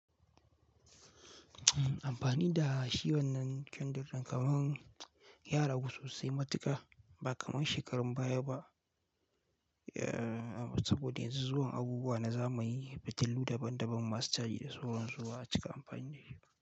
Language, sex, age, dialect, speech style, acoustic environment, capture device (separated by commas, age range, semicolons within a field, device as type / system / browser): Hausa, male, 18-24, Nigeria - Kano, relaxed; focused, silence, mobile / Linux / Chrome